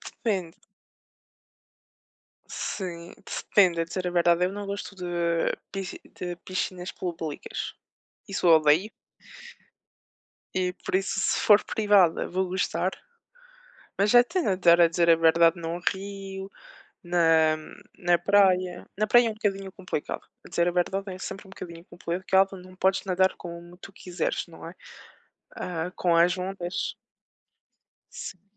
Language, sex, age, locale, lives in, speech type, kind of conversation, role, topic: Portuguese, female, 25-29, pt-PT, France, conversation, unstructured, none, Você escolheria passar um dia praticando esportes aquáticos ou um dia praticando esportes de inverno?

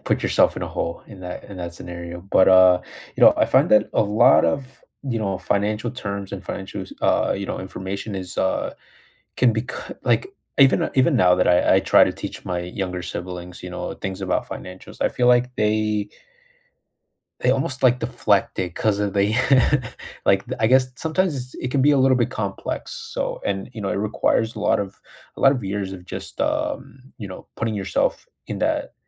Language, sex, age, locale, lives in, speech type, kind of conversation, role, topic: English, male, 25-29, en-US, United States, conversation, unstructured, none, Which real-life skill do you wish schools had taught everyone, and why?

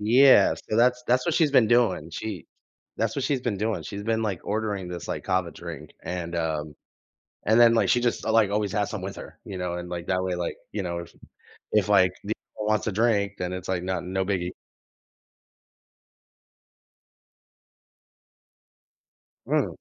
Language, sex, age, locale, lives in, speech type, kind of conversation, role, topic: English, male, 30-34, en-US, United States, conversation, advice, user, How can I reach out to an old friend when I'm feeling nostalgic?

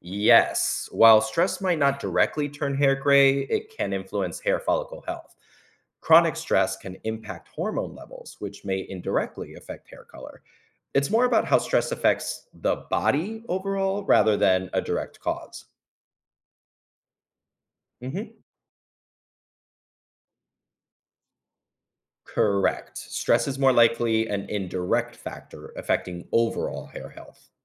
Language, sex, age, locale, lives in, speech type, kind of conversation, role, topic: English, male, 35-39, en-US, United States, read, expert-assistant, expert, What makes hair turn gray with age?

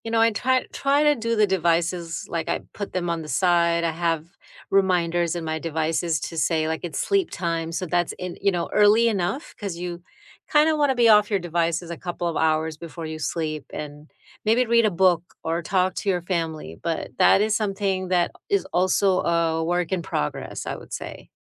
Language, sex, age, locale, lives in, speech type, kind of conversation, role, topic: English, female, 50-54, en-US, United States, conversation, unstructured, none, What simple sleep habits have truly helped you lately, and how did you discover them?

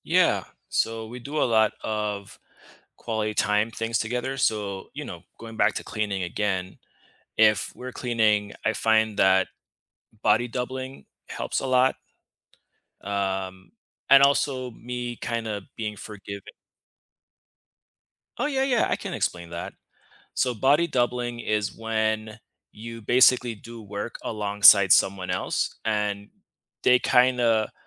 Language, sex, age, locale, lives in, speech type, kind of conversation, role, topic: English, male, 35-39, en-US, United States, conversation, unstructured, none, How has your sense of home evolved from childhood rooms to the spaces you choose now?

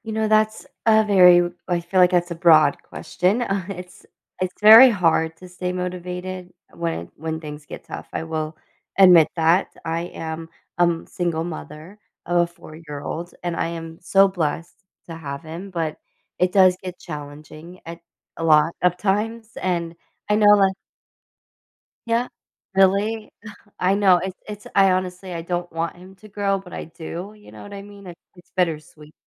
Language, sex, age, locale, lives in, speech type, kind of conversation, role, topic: English, female, 30-34, en-US, United States, conversation, podcast, guest, What helps you keep moving forward during difficult times?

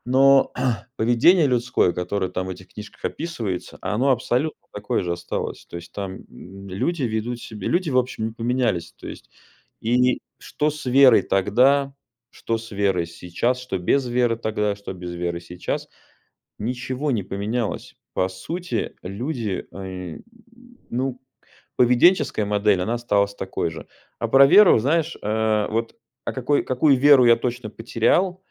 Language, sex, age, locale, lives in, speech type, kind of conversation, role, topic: Russian, male, 35-39, ru-RU, United States, conversation, advice, user, Как пережить кризис веры или духовных убеждений?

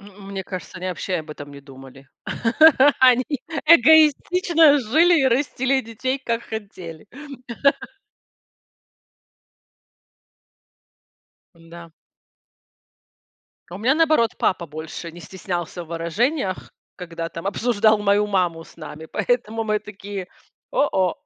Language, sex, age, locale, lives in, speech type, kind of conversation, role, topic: Russian, female, 40-44, ru-RU, United States, conversation, podcast, host, Как вести себя во время ссоры при детях?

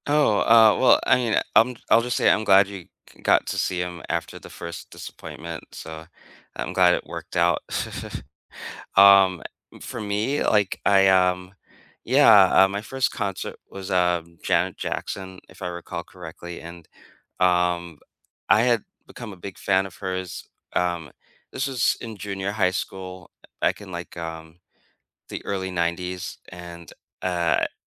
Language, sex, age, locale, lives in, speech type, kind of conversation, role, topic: English, male, 45-49, en-US, United States, conversation, unstructured, none, What do you remember about the first concert you ever attended?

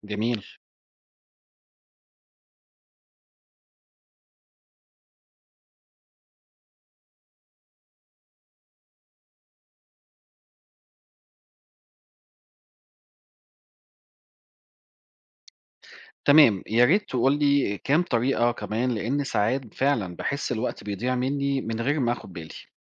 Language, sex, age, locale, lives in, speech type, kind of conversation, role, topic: Arabic, male, 40-44, ar-EG, Portugal, read, expert-assistant, asker, ما أهمية تنظيم الوقت لتحقيق الأهداف الشخصية؟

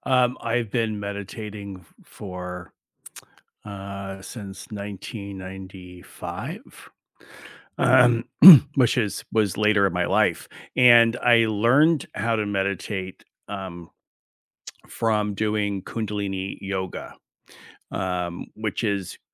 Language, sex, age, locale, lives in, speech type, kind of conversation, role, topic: English, male, 60-64, en-US, United States, conversation, unstructured, none, What small morning rituals brighten your day and set the tone?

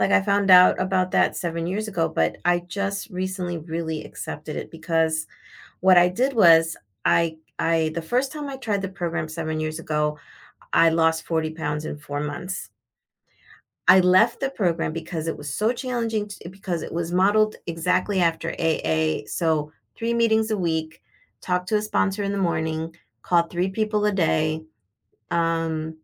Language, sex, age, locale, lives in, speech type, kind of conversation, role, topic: English, female, 50-54, en-US, United States, conversation, advice, user, How can I build resilience after a recent setback?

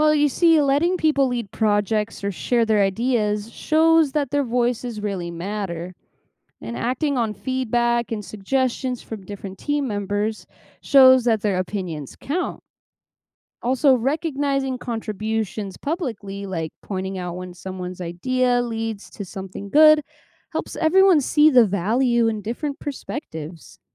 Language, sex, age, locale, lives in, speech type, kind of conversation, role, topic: English, female, 25-29, en-US, United States, read, expert-assistant, expert, What are the benefits of learning from diverse backgrounds?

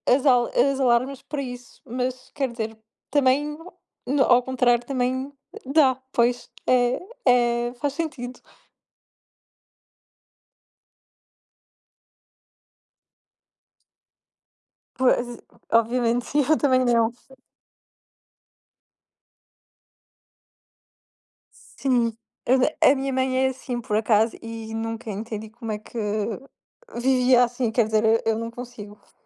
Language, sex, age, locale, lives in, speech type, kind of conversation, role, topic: Portuguese, female, 20-24, pt-PT, Portugal, conversation, unstructured, none, Qual é a sua rotina para ter um dia produtivo?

